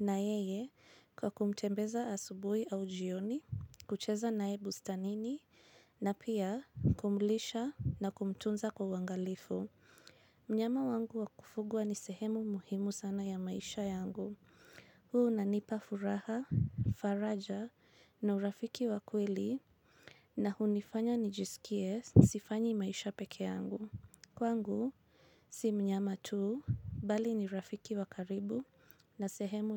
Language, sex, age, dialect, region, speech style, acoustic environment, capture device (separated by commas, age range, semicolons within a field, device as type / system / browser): Swahili, female, 25-34, Kenya - Nairobi Sheng-influenced, Kenya, anxious, silence, mobile / Linux / Chrome